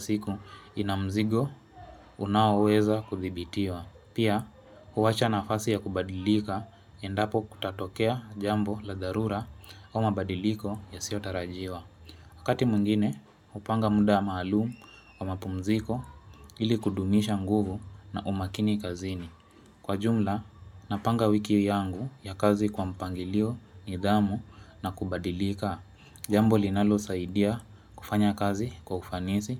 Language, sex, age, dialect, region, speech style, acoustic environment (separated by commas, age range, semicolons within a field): Swahili, female, 25-34, Kenya - Mombasa, Kenya, relaxed; excited, music; people talking; appliances